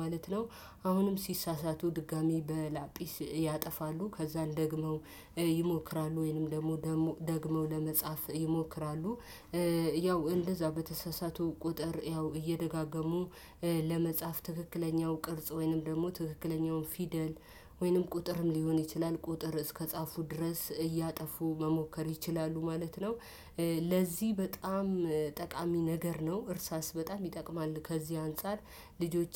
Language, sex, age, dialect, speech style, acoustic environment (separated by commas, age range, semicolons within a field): Amharic, female, 25-34, Ethiopia - Addis Ababa, happy, silence